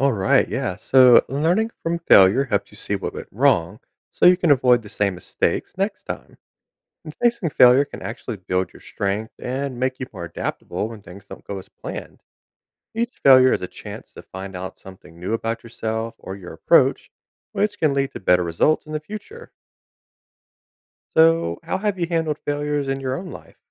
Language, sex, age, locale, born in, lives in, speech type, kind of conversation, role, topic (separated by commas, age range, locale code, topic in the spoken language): English, male, 30-34, en-US, United States, United States, read, expert-assistant, expert, Why is it important to learn from failure?